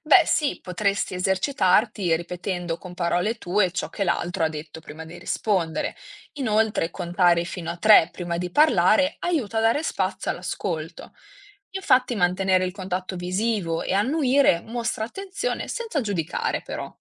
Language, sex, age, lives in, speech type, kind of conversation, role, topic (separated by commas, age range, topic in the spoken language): Italian, female, 20-24, Italy, read, expert-assistant, expert, Come decidiamo cosa è giusto insegnare a scuola? Chi dovrebbe avere l’ultima parola?